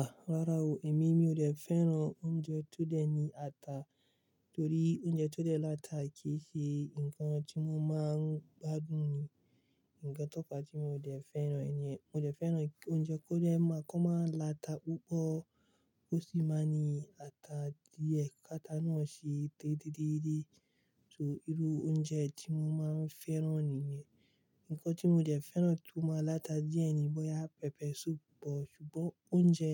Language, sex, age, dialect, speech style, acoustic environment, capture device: Yoruba, male, 25-34, Nigeria - Kano, relaxed, silence, mobile / Linux / Chrome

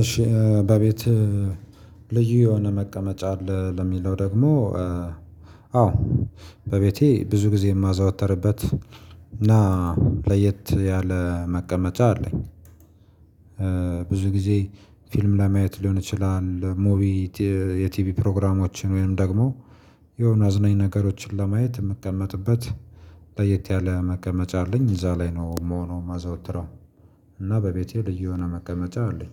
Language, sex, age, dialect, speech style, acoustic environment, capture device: Amharic, male, 25-34, Ethiopia - Gojjam, happy; focused, silence; nature, mobile / Linux / Chrome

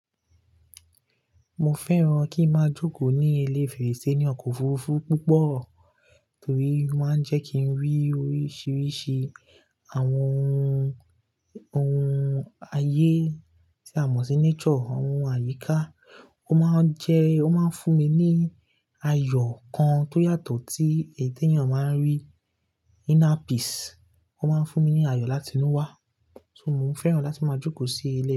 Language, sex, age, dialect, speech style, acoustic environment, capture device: Yoruba, male, 18-24, Nigeria - Lagos Yoruba, relaxed; focused, nature, desktop / Linux / Chrome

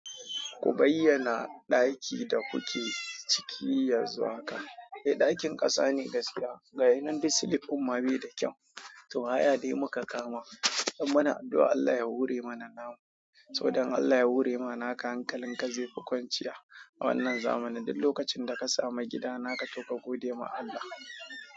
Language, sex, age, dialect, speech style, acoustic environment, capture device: Hausa, male, 25-34, Nigeria - Kano, happy; excited, people talking; silence, mobile / Android / Chrome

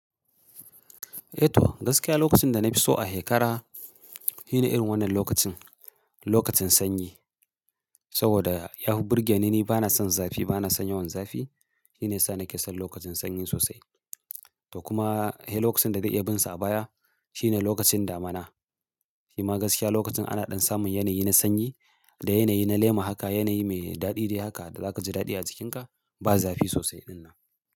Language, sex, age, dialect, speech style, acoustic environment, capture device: Hausa, male, 35-44, Nigeria - Kano, happy; focused; excited, silence, mobile / Linux / Chrome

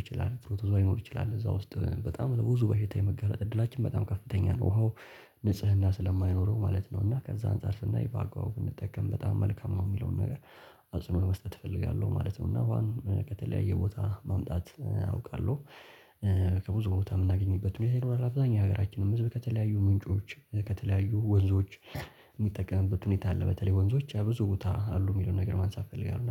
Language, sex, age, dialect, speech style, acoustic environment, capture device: Amharic, male, 18-24, Ethiopia - Addis Ababa, relaxed, nature, mobile / Linux / Chrome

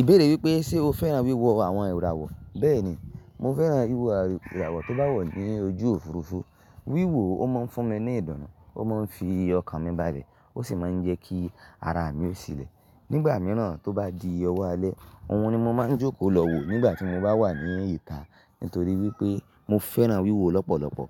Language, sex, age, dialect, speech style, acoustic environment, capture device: Yoruba, male, 25-34, Nigeria - Ibadan, excited; relaxed; happy, silence; nature, mobile / Linux / Chrome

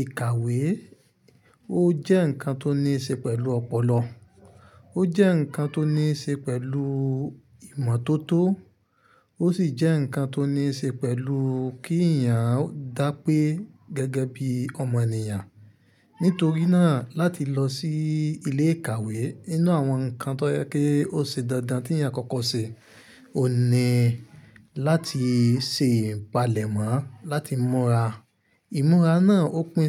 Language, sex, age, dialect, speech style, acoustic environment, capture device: Yoruba, male, 25-34, Nigeria - Ibadan, happy, silence, mobile / Linux / Chrome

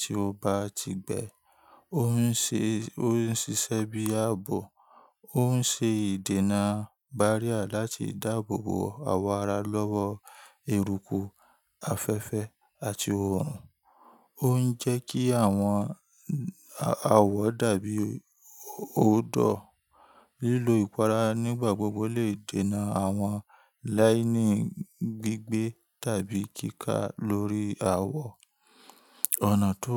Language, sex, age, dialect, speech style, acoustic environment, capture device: Yoruba, male, 25-34, Nigeria - Ibadan, relaxed, nature; people talking, mobile / Linux / Chrome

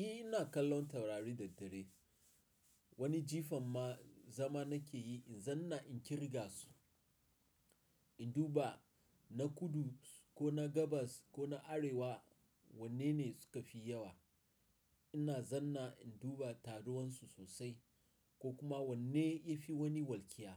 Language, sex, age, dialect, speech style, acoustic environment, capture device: Hausa, male, 35-44, Nigeria - Nigerian Standard, relaxed, silence, mobile / Linux / Chrome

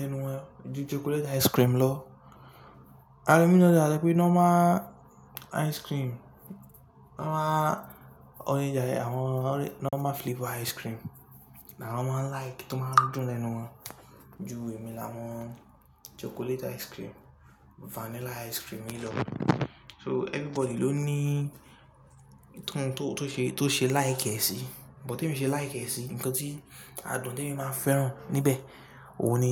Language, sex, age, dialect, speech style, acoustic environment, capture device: Yoruba, male, 18-24, Nigeria - Lagos Yoruba, relaxed, nature; people talking, mobile / Linux / Chrome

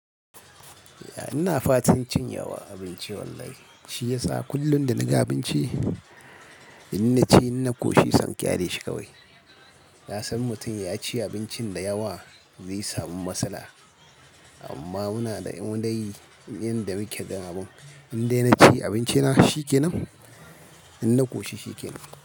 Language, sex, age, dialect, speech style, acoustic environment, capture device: Hausa, male, 25-34, Nigeria - Kano, happy; excited, silence, mobile / Linux / Chrome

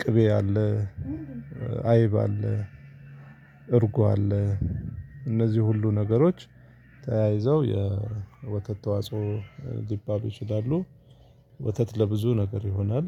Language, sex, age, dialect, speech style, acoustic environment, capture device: Amharic, male, 35-44, Ethiopia - Addis Ababa, focused, people talking, mobile / Linux / Chrome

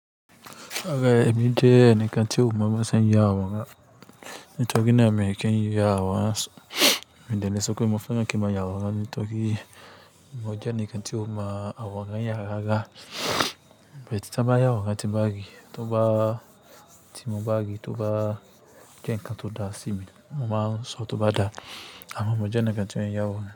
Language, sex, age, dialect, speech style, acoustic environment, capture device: Yoruba, male, 25-34, Nigeria - Kano, anxious; nervous, nature, desktop / Linux / Chrome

